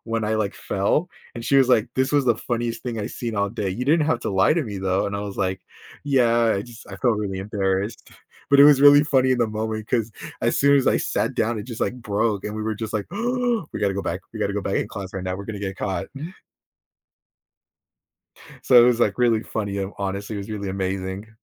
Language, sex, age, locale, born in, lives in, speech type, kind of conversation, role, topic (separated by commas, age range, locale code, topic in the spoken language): English, male, 20-24, en-US, United States, United States, conversation, podcast, guest, How do funny moments at school shape our memories and friendships?